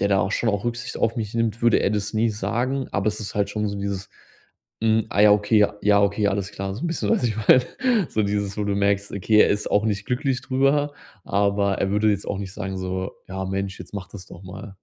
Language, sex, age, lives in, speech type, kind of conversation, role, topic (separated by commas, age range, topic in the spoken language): German, male, 30-34, Germany, conversation, advice, user, Wie kann ich im Job klar Nein sagen, ohne mich danach schuldig zu fühlen?